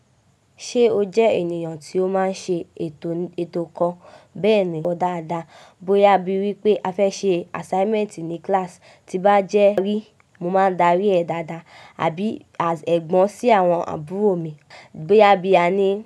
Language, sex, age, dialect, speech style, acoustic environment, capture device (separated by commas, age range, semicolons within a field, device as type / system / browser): Yoruba, female, 18-24, Nigeria - Lagos Yoruba, happy; relaxed, silence; music, mobile / macOS / Safari